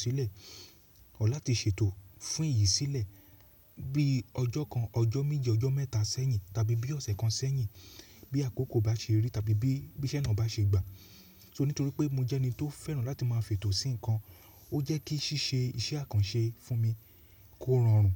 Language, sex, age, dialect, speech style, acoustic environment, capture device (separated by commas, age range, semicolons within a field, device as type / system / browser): Yoruba, male, 35-44, Nigeria - Lagos Yoruba, happy; relaxed; excited, silence, mobile / Linux / Chrome